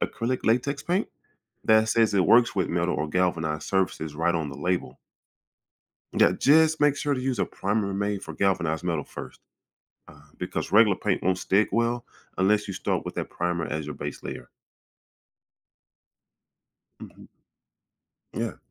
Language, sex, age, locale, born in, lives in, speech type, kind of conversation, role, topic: English, male, 40-44, en-US, United States, United States, read, expert-assistant, expert, What causes metal to rust over time?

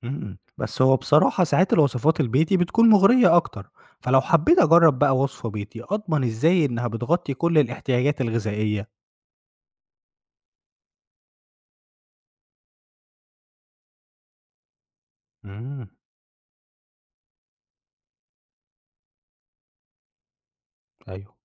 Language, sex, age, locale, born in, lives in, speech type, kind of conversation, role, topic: Arabic, male, 25-29, ar-EG, Egypt, Egypt, read, expert-assistant, asker, إزاي أتحقق من صحة النصائح المنتشرة على السوشيال ميديا عن أكل وتغذية الحيوانات الأليفة؟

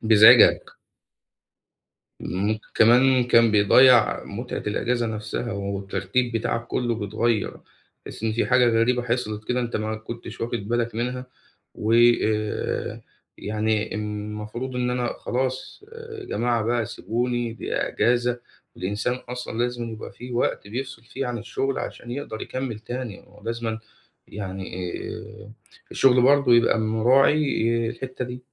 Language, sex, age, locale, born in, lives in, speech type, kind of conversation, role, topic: Arabic, male, 25-29, ar-EG, Egypt, Egypt, conversation, advice, advisor, إزاي أستغل إجازة قصيرة بشكل فعّال عشان أحس إني اتجدّدت؟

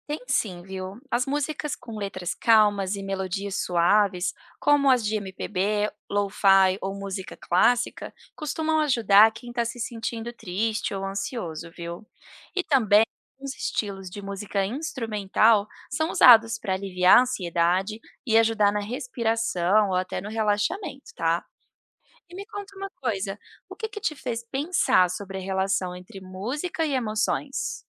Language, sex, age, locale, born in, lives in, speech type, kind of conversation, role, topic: Portuguese, female, 30-34, pt-BR, Brazil, United States, read, expert-assistant, expert, Como a música pode influenciar o humor e o comportamento das pessoas?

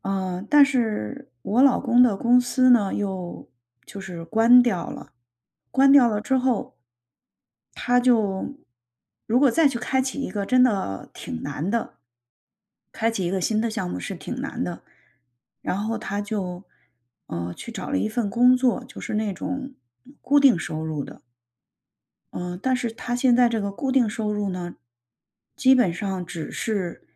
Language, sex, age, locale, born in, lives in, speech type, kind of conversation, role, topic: Chinese, female, 45-49, zh-CN, China, United States, conversation, advice, user, 我该如何在不确定的情况下保持冷静和平静，并保持专注？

